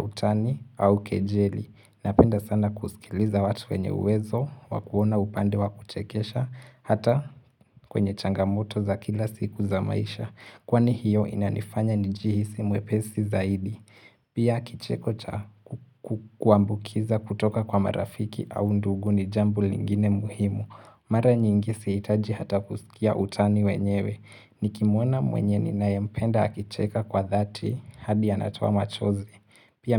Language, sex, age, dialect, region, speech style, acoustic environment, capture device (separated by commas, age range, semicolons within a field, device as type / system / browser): Swahili, male, 18-24, Kenya - Mombasa, Kenya, focused; relaxed, silence, mobile / Linux / Chrome